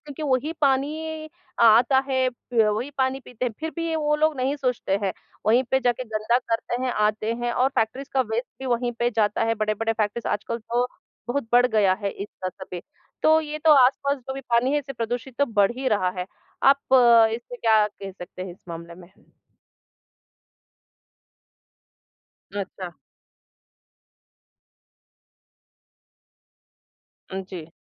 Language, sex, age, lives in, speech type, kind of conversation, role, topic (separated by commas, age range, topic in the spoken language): Hindi, female, 40-44, India, conversation, unstructured, none, क्या जल प्रदूषण हमारे स्वास्थ्य को प्रभावित करता है?